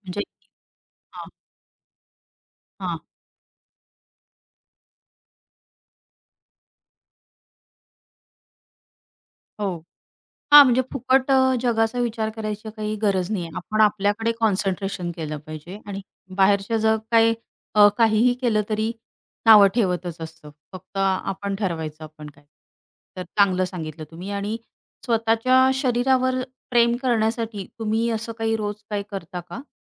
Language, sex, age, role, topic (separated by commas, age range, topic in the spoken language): Marathi, female, 35-39, host, आपल्या शरीरावरचा आत्मविश्वास वाढवण्यासाठी तुम्ही रोज कोणते छोटे टप्पे उचलता?